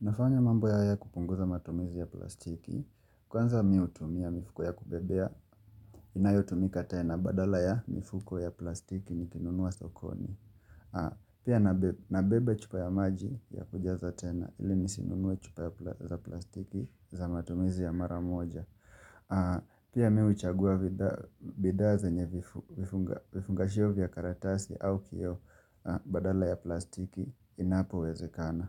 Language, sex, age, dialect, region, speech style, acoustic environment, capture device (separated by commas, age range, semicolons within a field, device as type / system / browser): Swahili, male, 25-34, Kenya - Mombasa, Kenya, relaxed, nature, mobile / Linux / Chrome